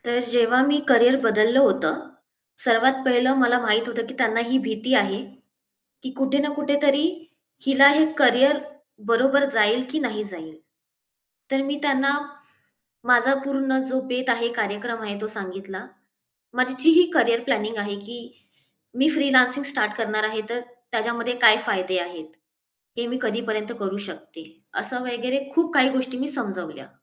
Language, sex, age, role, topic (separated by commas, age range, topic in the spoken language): Marathi, female, 25-29, guest, करिअर बदलण्याचा निर्णय घेतल्यावर पालकांना ते कसे समजावून सांगाल?